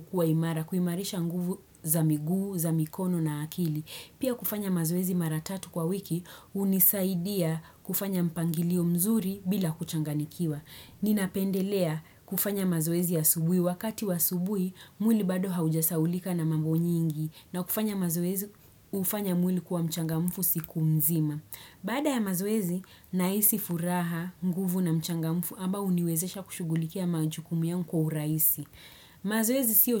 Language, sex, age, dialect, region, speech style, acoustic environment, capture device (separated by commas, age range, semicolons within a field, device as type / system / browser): Swahili, female, 25-34, Kenya - Nairobi Sheng-influenced, Kenya, happy, silence, desktop / Windows / Chrome